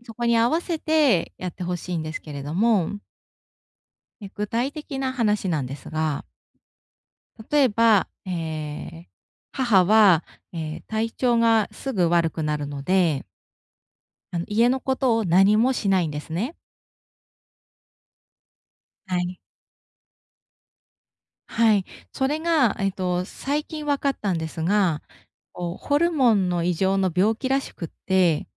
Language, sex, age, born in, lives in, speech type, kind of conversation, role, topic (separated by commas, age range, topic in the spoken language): Japanese, female, 35-39, Japan, Japan, conversation, advice, user, ネガティブな反応にどう対応すればよいですか？